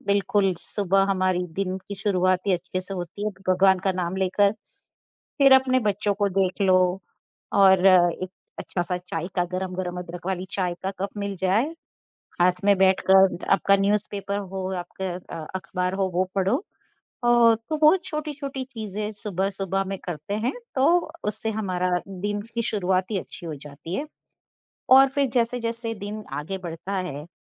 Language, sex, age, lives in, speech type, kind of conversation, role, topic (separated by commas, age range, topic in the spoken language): Hindi, female, 50-54, United States, conversation, unstructured, none, खुश रहने के लिए आप कौन-कौन सी आदतें अपनाते हैं?